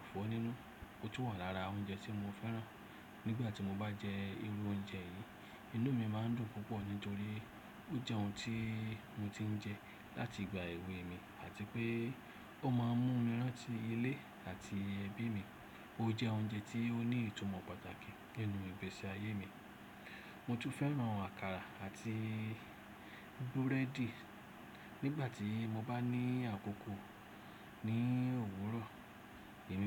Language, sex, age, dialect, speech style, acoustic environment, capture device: Yoruba, male, 35-44, Nigeria - Lagos Yoruba, relaxed; happy, silence, desktop / Windows / Chrome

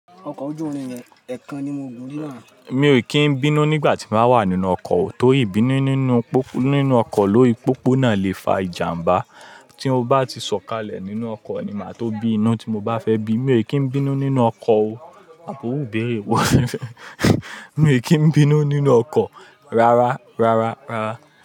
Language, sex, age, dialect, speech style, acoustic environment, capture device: Yoruba, male, 25-34, Nigeria - Lagos Yoruba, happy, people talking, mobile / Linux / Chrome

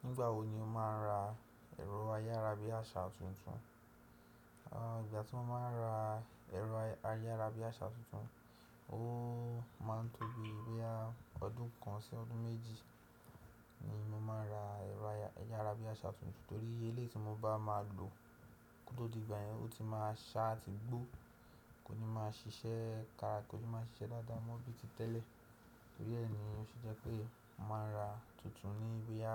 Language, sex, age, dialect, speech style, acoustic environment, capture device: Yoruba, male, 18-24, Nigeria - Nigerian Standard, happy; excited; relaxed, silence, desktop / Windows / Chrome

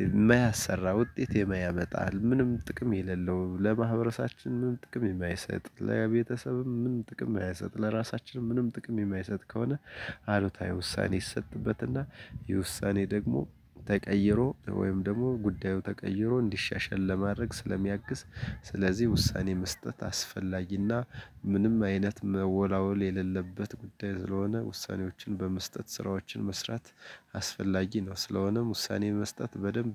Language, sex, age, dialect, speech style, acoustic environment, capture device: Amharic, male, 35-44, Ethiopia - Gojjam, happy; relaxed; focused, nature, desktop / Linux / Chrome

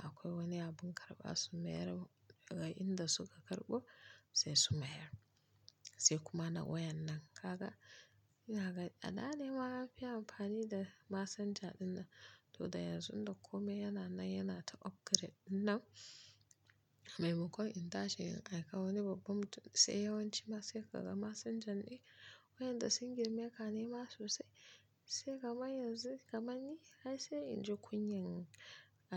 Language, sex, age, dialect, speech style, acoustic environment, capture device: Hausa, female, 25-34, Nigeria - Kano, focused, silence, mobile / Linux / Chrome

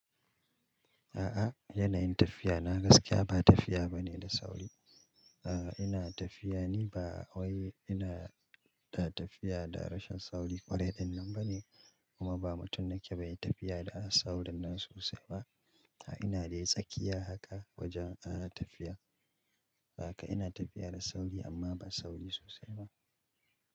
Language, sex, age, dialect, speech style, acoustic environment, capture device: Hausa, male, 35-44, Nigeria - Kano, happy; relaxed, silence, mobile / Linux / Chrome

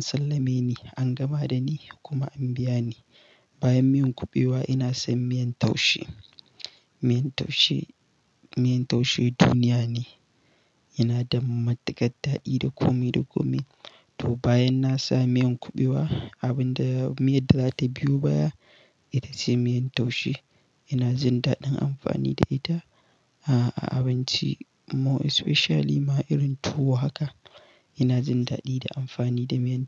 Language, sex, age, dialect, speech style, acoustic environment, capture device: Hausa, male, 18-24, Nigeria - Katsina, happy, nature, mobile / Linux / Chrome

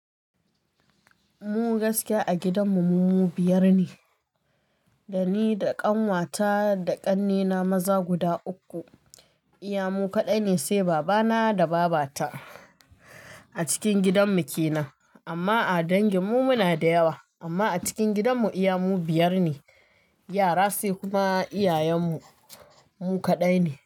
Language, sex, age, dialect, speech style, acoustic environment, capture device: Hausa, female, 25-34, Nigeria - Kano, happy, music, mobile / Linux / Chrome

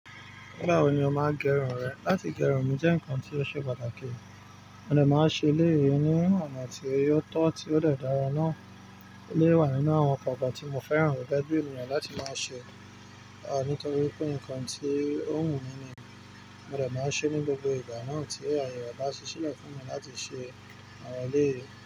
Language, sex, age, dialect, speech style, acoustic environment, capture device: Yoruba, male, 25-34, Nigeria - Nigerian Standard, focused, nature, mobile / Linux / Chrome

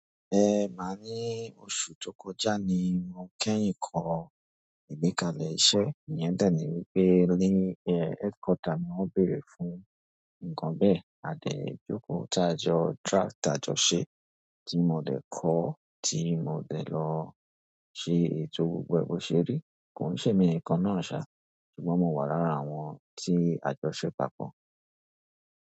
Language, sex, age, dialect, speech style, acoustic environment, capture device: Yoruba, male, 25-34, Nigeria - Lagos Yoruba, relaxed, nature, mobile / Android / Chrome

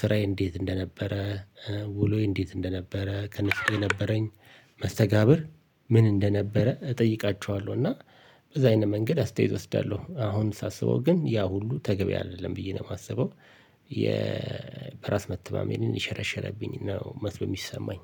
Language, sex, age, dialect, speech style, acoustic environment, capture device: Amharic, male, 45-54, Ethiopia - Addis Ababa, relaxed, appliances, mobile / Linux / Chrome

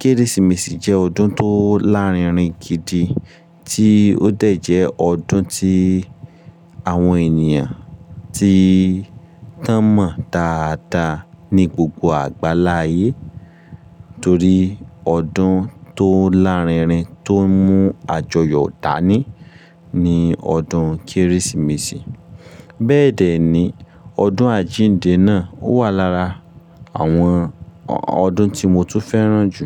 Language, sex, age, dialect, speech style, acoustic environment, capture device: Yoruba, male, 25-34, Nigeria - Ibadan, happy; focused, silence; appliances; nature, mobile / Linux / Chrome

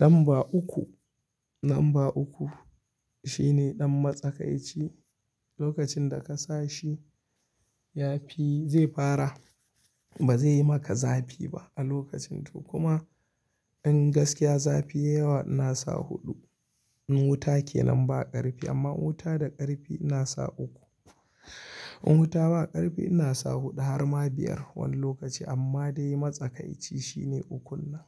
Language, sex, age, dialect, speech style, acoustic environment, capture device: Hausa, male, 25-34, Nigeria - Kano, happy; anxious; relaxed; excited; nervous; focused; stressed, people talking; music; nature; silence; construction; appliances; traffic, mobile / Linux / Chrome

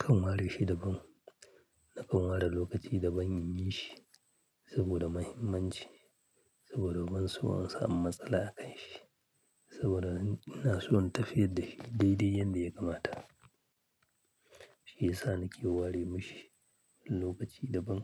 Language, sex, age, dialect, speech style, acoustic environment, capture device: Hausa, male, 35-44, Nigeria - Kano, happy, people talking, mobile / Linux / Chrome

